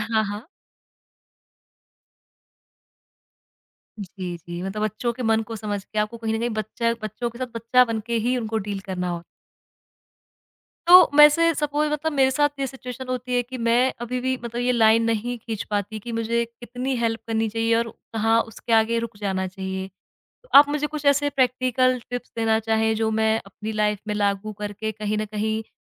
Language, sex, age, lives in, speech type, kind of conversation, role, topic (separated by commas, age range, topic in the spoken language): Hindi, female, 25-29, India, conversation, podcast, host, Support sambhalkar dene aur hadd se zyada dakhal dene mein tum fark kaise karte ho?